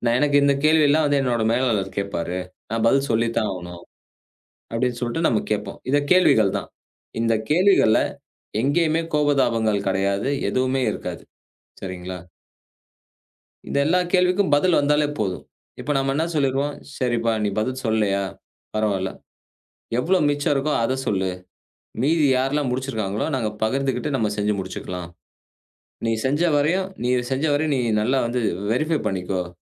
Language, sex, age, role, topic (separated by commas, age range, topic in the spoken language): Tamil, male, 35-39, guest, ஒற்றைபணி மற்றும் குழு வேலை சமநிலையை எப்படி பராமரிப்பீர்கள்?